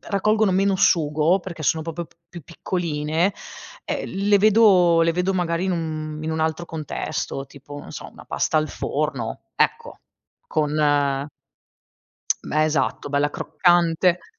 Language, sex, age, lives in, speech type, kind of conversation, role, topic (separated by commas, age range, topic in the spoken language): Italian, female, 35-39, Italy, conversation, podcast, guest, Come insegneresti a qualcuno a cucinare la pasta perfetta?